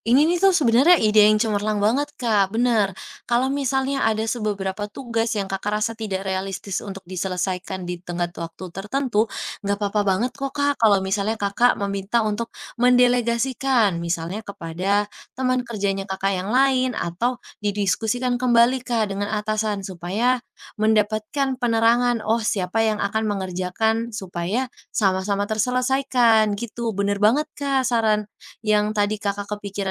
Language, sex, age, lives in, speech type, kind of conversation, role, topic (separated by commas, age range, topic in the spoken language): Indonesian, female, 20-24, Indonesia, conversation, advice, advisor, Bagaimana cara menghadapi tekanan pekerjaan dan tenggat waktu yang menumpuk?